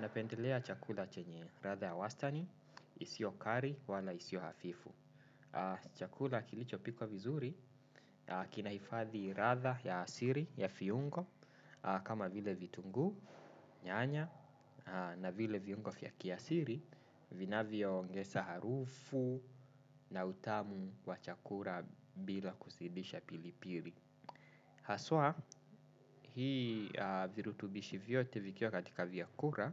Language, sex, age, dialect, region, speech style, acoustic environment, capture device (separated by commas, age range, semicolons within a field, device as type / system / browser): Swahili, male, 25-34, Kenya - Nairobi Sheng-influenced, Kenya, relaxed, appliances, desktop / Windows / Chrome